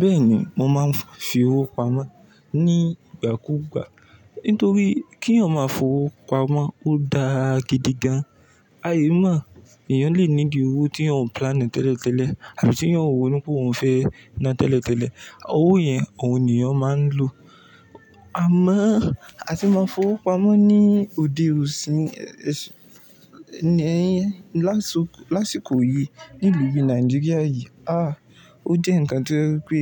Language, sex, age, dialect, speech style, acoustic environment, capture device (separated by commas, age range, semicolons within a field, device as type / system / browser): Yoruba, male, 18-24, Nigeria - Ibadan, relaxed, silence; nature, mobile / Linux / Chrome